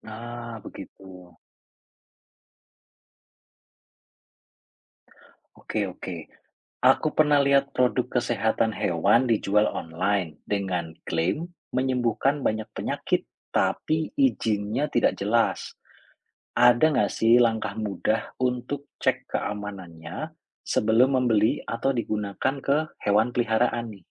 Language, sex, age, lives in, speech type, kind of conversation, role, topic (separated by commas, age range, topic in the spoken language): Indonesian, male, 35-39, Indonesia, read, expert-assistant, asker, Bagaimana cara mengetahui apakah informasi kesehatan hewan yang kita temukan benar-benar akurat?